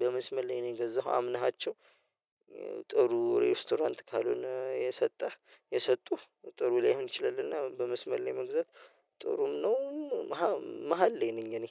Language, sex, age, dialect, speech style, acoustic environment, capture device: Amharic, male, 25-34, Ethiopia - Addis Ababa, relaxed, silence; nature, mobile / Linux / Chrome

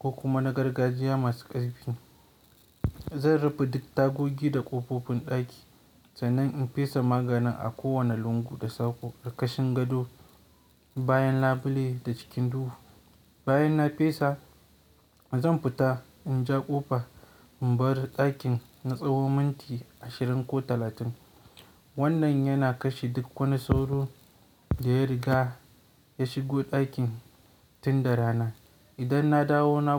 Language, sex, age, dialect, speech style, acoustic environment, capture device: Hausa, male, 18-24, Nigeria - Kano, relaxed, nature, mobile / Linux / Chrome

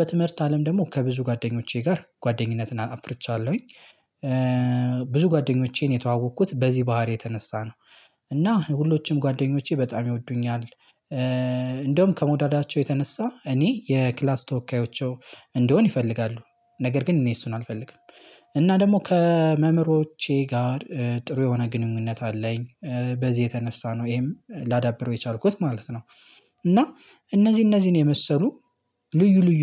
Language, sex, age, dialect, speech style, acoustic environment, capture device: Amharic, male, 18-24, Ethiopia - Gojjam, happy; relaxed; excited; focused, silence, mobile / Linux / Chrome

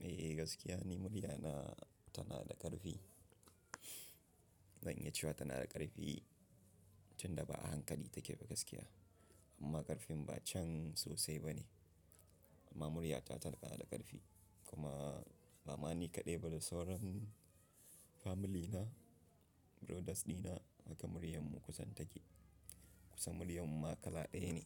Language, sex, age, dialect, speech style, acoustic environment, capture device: Hausa, male, 25-34, Nigeria - Nigerian Standard, happy; focused, silence, mobile / Linux / Chrome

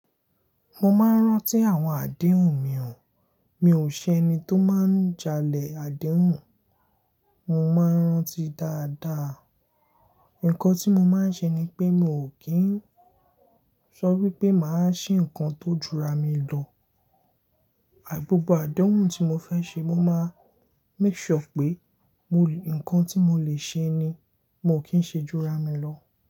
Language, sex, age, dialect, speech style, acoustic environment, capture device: Yoruba, male, 18-24, Nigeria - Lagos Yoruba, happy, silence; appliances, mobile / Linux / Chrome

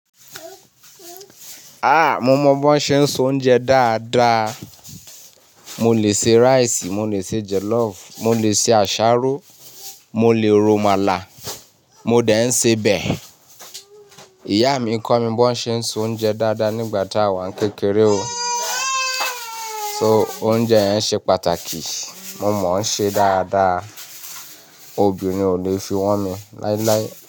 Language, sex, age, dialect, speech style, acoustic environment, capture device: Yoruba, male, 35-44, Nigeria - Ibadan, happy; relaxed, nature, mobile / Linux / Chrome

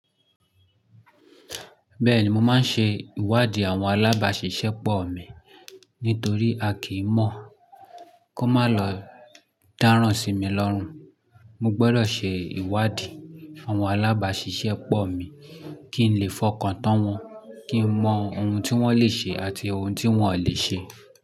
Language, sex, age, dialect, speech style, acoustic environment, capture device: Yoruba, male, 25-34, Nigeria - Lagos Yoruba, anxious, silence, mobile / Linux / Chrome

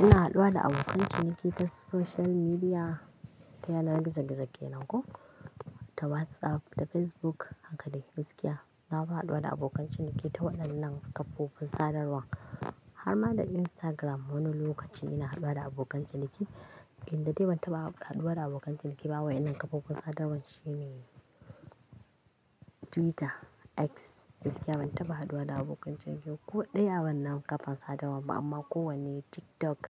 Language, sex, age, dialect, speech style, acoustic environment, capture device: Hausa, female, 25-34, Nigeria - Kano, happy, nature, mobile / Linux / Chrome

